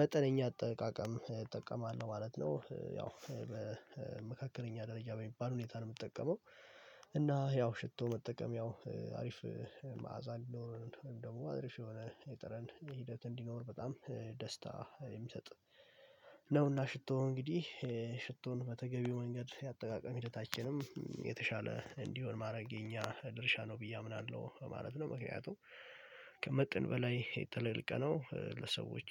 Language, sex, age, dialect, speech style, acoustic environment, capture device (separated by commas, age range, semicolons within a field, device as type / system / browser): Amharic, male, 18-24, Ethiopia - Addis Ababa, relaxed, silence, mobile / Linux / Chrome